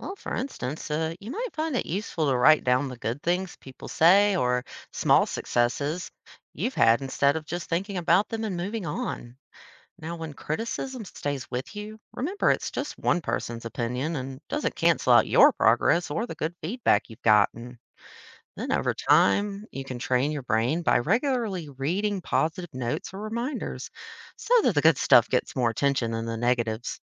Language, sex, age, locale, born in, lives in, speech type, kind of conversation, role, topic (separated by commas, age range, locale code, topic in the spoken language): English, female, 30-34, en-US, United States, United States, read, expert-assistant, expert, How does having a positive attitude influence your life?